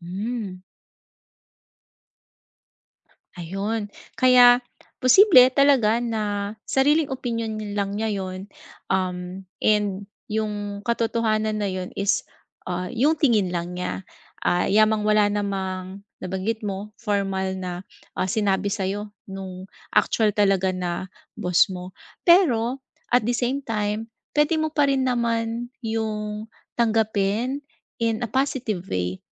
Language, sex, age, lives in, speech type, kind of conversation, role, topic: Filipino, female, 40-44, Philippines, conversation, advice, advisor, Paano ko malalaman kung opinyon lamang ito o katotohanan?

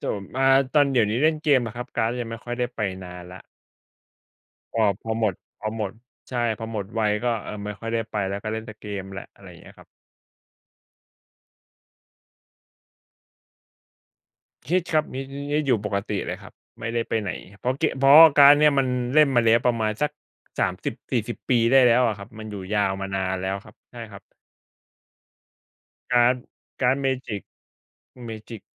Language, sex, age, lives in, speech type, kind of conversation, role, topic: Thai, male, 35-39, Thailand, conversation, unstructured, none, ถ้ามีคนบอกว่างานอดิเรกเป็นเรื่องเสียเวลา คุณจะตอบอย่างไร?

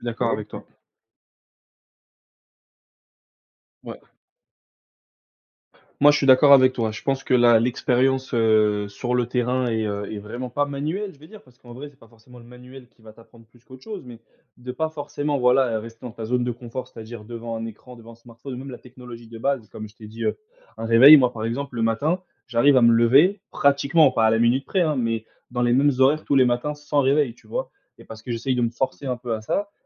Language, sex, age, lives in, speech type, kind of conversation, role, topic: French, male, 20-24, France, conversation, unstructured, none, Comment la technologie rend-elle la vie quotidienne plus facile ?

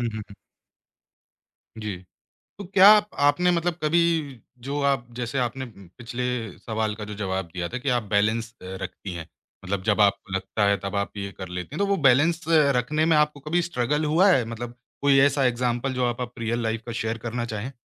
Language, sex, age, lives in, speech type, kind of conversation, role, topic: Hindi, male, 25-29, India, conversation, podcast, host, Creative akelapan kab positive lagta hai aur kab negative lagta hai?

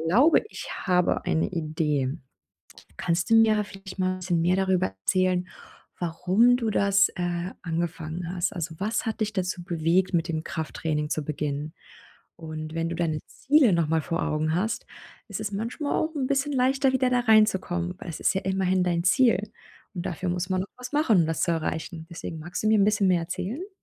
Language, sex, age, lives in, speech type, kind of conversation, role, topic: German, female, 30-34, Germany, conversation, advice, advisor, Wie kann ich mentale Blockaden überwinden und motivierter werden?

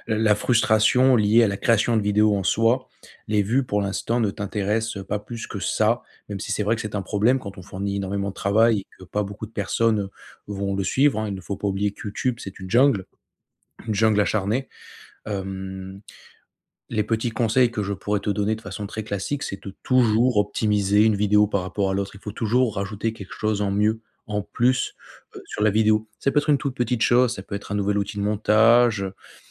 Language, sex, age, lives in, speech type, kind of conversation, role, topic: French, male, 25-29, France, conversation, advice, advisor, Comment puis-je sortir de la routine pour retrouver ma créativité et avoir de nouvelles idées ?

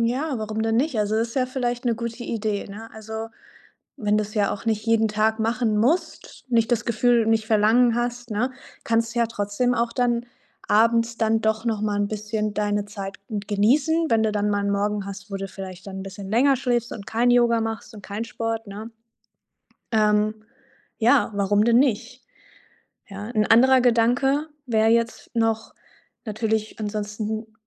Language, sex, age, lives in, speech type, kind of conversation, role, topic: German, female, 25-29, Germany, conversation, advice, advisor, Wie kann ich trotz meiner Kinder oder fehlender Kinderbetreuung eine Trainingsroutine beibehalten?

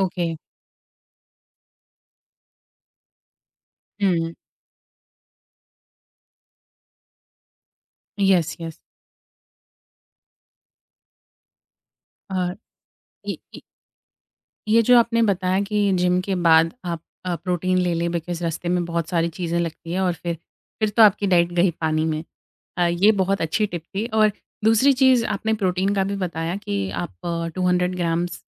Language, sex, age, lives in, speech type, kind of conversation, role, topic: Hindi, female, 25-29, India, conversation, podcast, host, Busy schedule mein aap healthy aadatein kaise fit karte hain?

